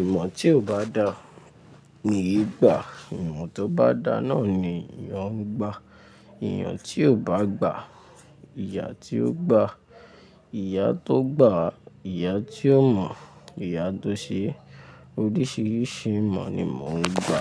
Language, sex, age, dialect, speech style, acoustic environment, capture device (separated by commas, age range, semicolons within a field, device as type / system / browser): Yoruba, male, 18-24, Nigeria - Lagos Yoruba, relaxed; focused, nature, mobile / Linux / Chrome